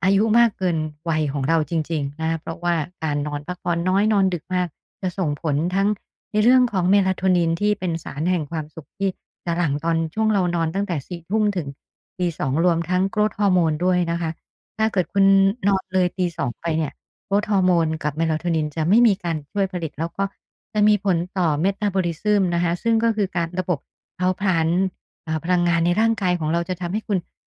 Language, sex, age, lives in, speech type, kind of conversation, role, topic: Thai, female, 50-54, Thailand, conversation, advice, advisor, พยายามนอนให้เร็วขึ้นแต่กลับตื่นสายทุกวัน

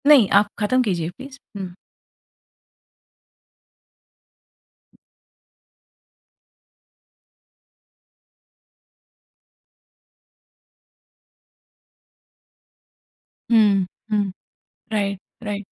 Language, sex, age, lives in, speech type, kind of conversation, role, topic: Hindi, female, 45-49, India, conversation, podcast, host, Kya tumhe kabhi daily soap ka masala dekhkar maza aata hai?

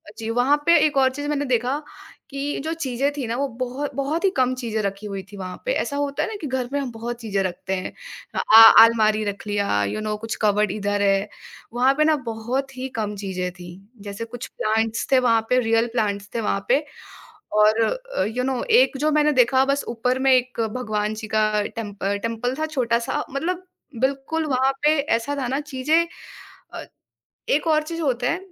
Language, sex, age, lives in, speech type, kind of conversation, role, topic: Hindi, female, 20-24, India, conversation, podcast, guest, Colors ka tumhare mood par kitna asar padta hai?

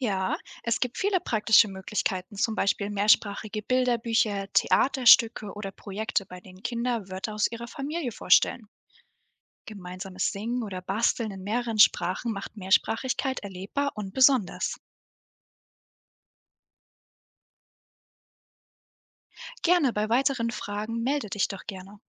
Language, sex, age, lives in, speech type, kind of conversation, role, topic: German, female, 18-19, Germany, read, expert-assistant, expert, Wie kann ich erkennen, ob ein Erziehungsratgeber wirklich fundiert ist?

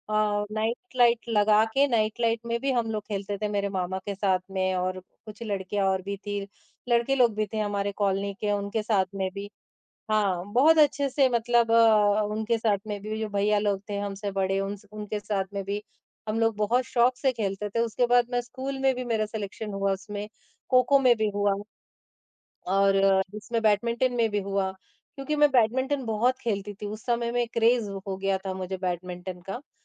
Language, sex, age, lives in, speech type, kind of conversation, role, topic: Hindi, male, 50-54, India, conversation, podcast, guest, आपके बचपन का सबसे पसंदीदा खेल कौन सा था?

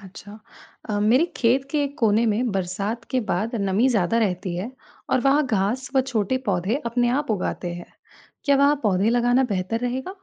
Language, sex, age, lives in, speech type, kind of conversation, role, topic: Hindi, female, 20-24, India, read, expert-assistant, asker, अगर आपके पास एक खाली खेत है, तो उसमें जैव विविधता बढ़ाने के लिए आप कौन-कौन सी योजनाएँ बना सकते हैं?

